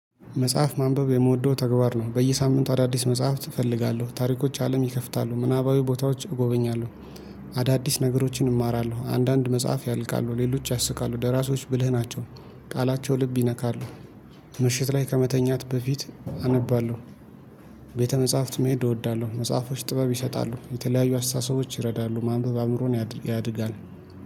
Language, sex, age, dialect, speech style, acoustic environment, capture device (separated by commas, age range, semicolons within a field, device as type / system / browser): Amharic, male, 25-34, Ethiopia - Addis Ababa, happy, nature, mobile / Linux / Chrome